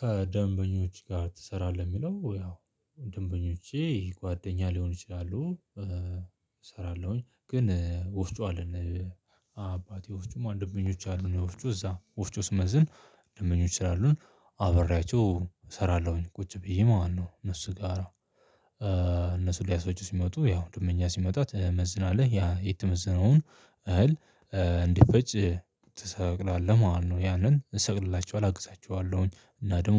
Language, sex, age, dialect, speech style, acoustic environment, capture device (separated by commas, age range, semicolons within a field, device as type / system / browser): Amharic, male, 25-34, Ethiopia - Addis Ababa, tired, people talking, mobile / Linux / Chrome